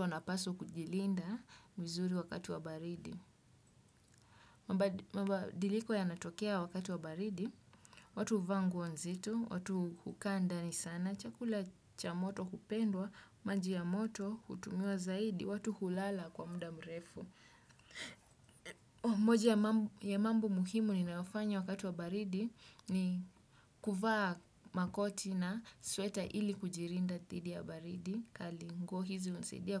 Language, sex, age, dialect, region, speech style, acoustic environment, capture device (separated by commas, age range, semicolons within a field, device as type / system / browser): Swahili, male, 25-34, Kenya - Mombasa, Kenya, focused, silence, desktop / Windows / Chrome